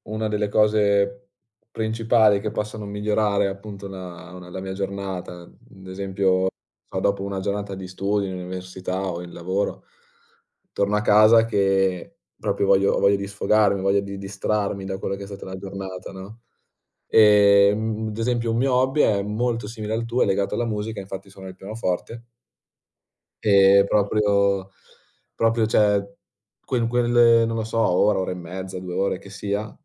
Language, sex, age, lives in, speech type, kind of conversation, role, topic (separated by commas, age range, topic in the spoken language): Italian, male, 20-24, Italy, conversation, unstructured, none, In che modo coltivare un hobby migliora la tua vita quotidiana?